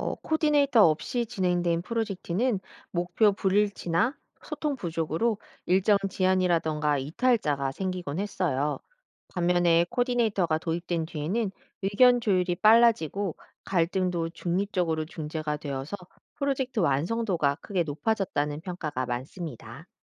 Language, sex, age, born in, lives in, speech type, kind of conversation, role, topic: Korean, female, 40-44, South Korea, United States, read, expert-assistant, expert, 교육 제도가 학생과 사회에 미치는 영향을 깊이 다룬 흥미로운 자료는 어디서 접할 수 있을까요?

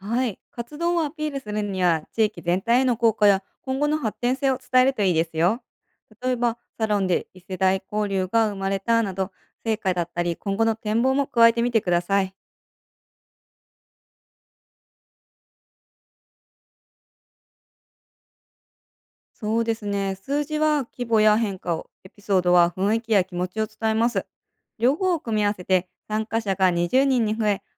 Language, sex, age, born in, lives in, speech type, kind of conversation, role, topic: Japanese, female, 30-34, Japan, United States, read, expert-assistant, expert, 行政について学ぶとき、難しい専門用語や制度を理解しやすくするコツはありますか？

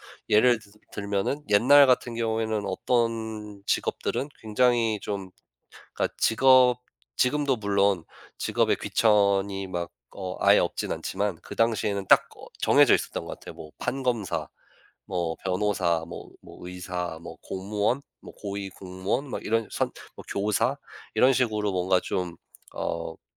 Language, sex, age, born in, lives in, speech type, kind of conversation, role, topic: Korean, male, 35-39, United States, United States, conversation, podcast, guest, 세대 차이가 아이 교육 방식에 어떤 영향을 주나요?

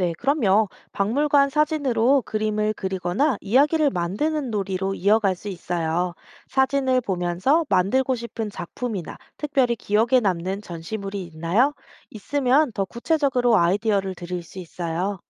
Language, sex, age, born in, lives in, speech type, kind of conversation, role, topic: Korean, female, 30-34, South Korea, South Korea, read, expert-assistant, expert, 박물관에 가면 고고인류학을 더 재미있게 이해할 수 있는 팁이 있을까요?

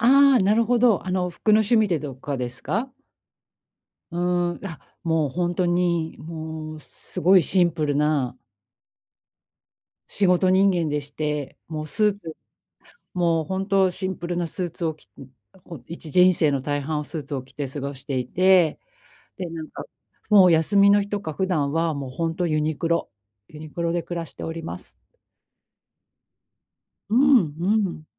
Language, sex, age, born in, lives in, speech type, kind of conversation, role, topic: Japanese, female, 45-49, Japan, Japan, conversation, advice, user, 予算内で良い物をどうやって見つければいいですか？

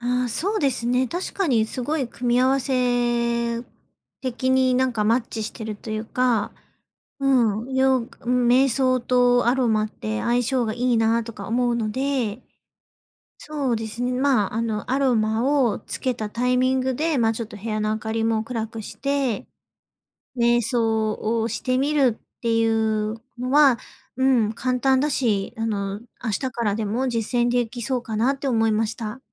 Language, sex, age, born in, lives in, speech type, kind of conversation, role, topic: Japanese, female, 30-34, Japan, Japan, conversation, advice, user, 家でうまくくつろげないとき、どんな対策をするといいですか？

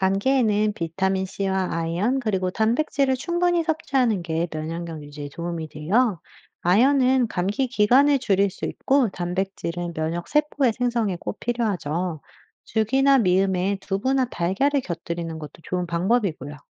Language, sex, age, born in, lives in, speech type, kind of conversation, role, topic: Korean, female, 35-39, United States, United States, read, expert-assistant, expert, 감기에 걸렸을 때 약을 먹는 것과 충분히 쉬는 것 중 어떤 방법이 더 빨리 회복하는 데 도움이 될까요?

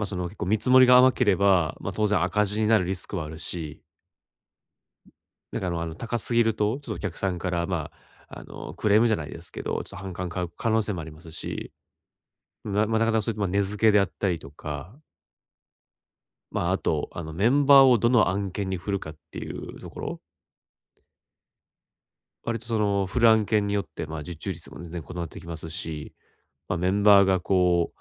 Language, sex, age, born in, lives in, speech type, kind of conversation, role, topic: Japanese, male, 30-34, Japan, Japan, conversation, advice, user, 他人の評価を気にせずに、自分の決断を固めるにはどうすればよいですか？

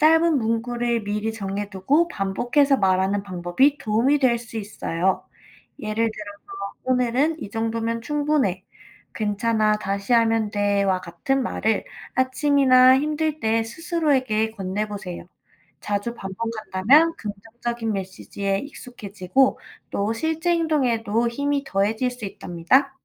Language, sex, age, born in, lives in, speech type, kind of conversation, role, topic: Korean, female, 25-29, South Korea, United States, read, expert-assistant, expert, 목표를 세울 때, 나에게 진짜 잘 맞는 방법을 어떻게 찾을 수 있을까요?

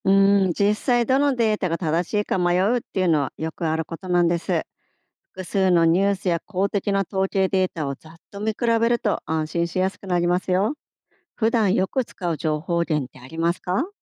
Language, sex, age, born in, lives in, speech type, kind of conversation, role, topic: Japanese, female, 50-54, Japan, Japan, read, expert-assistant, expert, ニュースやテレビ番組の情報は、どうやって信頼できるものを見分けたらいいのでしょうか？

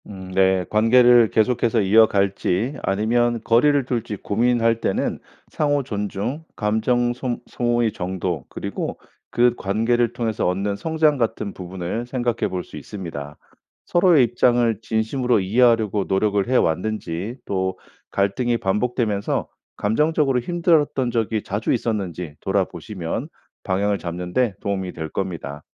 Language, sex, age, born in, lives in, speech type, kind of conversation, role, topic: Korean, male, 45-49, South Korea, United States, read, expert-assistant, expert, 갈등이 심화되어 관계를 이어갈지, 아니면 거리를 둘지 고민될 때 어떤 기준으로 결정을 내리는 것이 좋을까요?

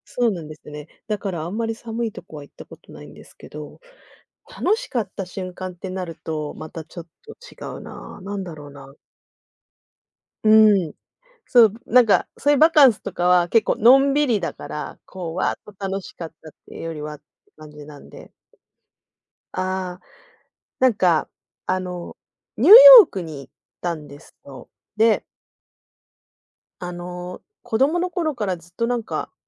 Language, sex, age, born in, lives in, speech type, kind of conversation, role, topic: Japanese, female, 40-44, Japan, Japan, conversation, unstructured, none, 旅行でいちばん楽しかった瞬間はどんなときでしたか？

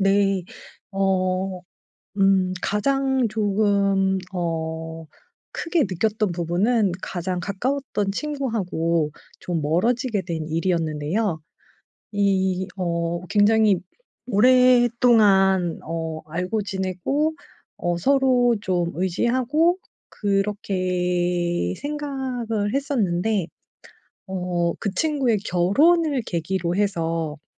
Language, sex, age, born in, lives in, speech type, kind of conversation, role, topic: Korean, female, 40-44, South Korea, South Korea, conversation, advice, user, 안전하고 지속적인 지지 관계를 어떻게 구축할 수 있을까요?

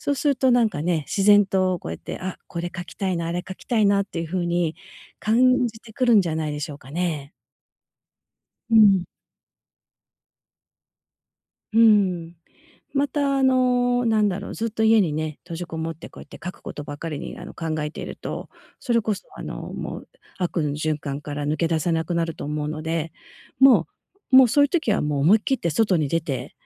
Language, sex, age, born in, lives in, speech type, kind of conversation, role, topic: Japanese, female, 50-54, Japan, United States, conversation, advice, advisor, 悪循環から抜け出せないと感じるとき、どこから手をつければよいですか？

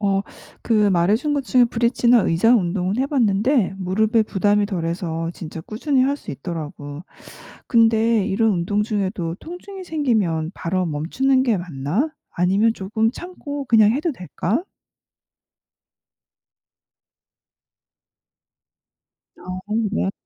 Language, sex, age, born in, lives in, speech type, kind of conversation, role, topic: Korean, female, 45-49, South Korea, United States, read, expert-assistant, asker, 운동을 시작할 때 믿을 만한 정보를 어떻게 찾으세요?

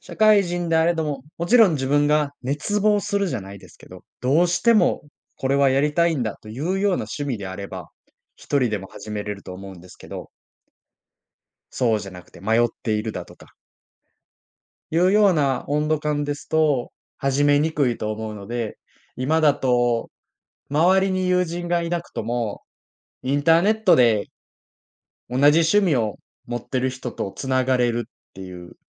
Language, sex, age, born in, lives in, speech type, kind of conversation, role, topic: Japanese, male, 20-24, Japan, Japan, conversation, podcast, guest, 新しい趣味を見つけるときのコツは？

